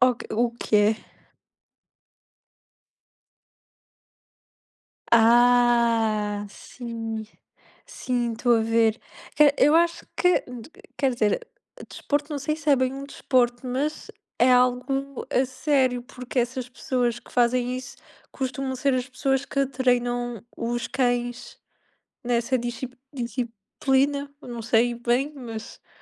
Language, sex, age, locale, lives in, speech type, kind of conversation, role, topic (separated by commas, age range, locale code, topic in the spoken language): Portuguese, female, 20-24, pt-PT, Portugal, conversation, unstructured, none, Você escolheria passar um dia praticando esportes aquáticos ou um dia praticando esportes de inverno?